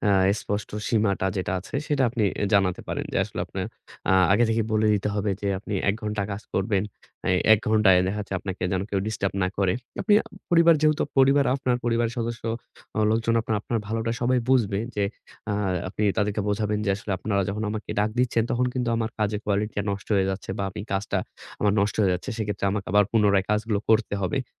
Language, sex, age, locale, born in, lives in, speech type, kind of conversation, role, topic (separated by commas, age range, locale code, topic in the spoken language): Bengali, male, 25-29, bn-BD, Bangladesh, Bangladesh, conversation, advice, advisor, কীভাবে আমি ব্যাহত না হয়ে দীর্ঘ সময় অবিচ্ছিন্নভাবে কাজ করতে পারি?